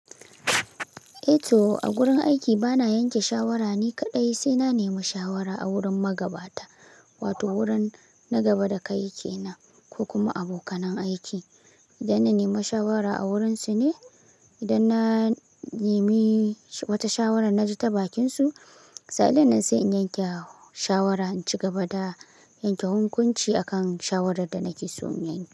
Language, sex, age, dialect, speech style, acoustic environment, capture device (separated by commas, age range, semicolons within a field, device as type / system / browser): Hausa, male, 18-24, Nigeria - Kano, happy; relaxed; focused; excited, silence; nature, mobile / Linux / Chrome